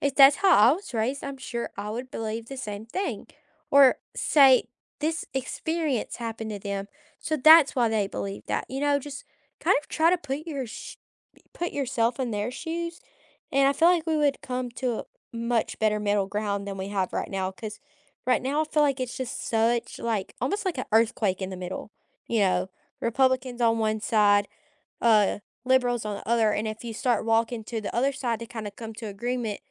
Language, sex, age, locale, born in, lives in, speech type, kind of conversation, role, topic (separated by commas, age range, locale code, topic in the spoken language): English, female, 20-24, en-US, United States, United States, conversation, unstructured, none, What is one way politics can bring people closer?